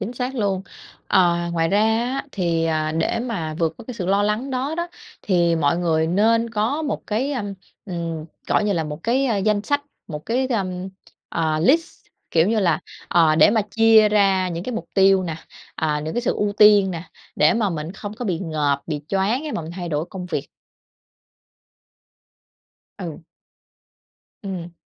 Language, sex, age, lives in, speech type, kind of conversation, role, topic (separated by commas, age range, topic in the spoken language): Vietnamese, female, 30-34, Vietnam, conversation, podcast, guest, Bạn vượt qua cảm giác lo lắng như thế nào khi thay đổi công việc?